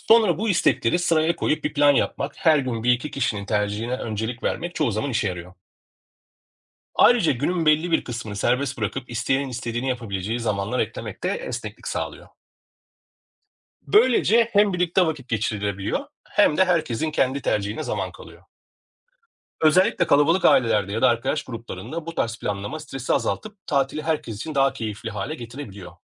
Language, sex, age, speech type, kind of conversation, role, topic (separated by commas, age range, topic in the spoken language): Turkish, male, 40-44, read, expert-assistant, expert, Grup tatilleri daha adil ve esnek bir şekilde nasıl planlanabilir?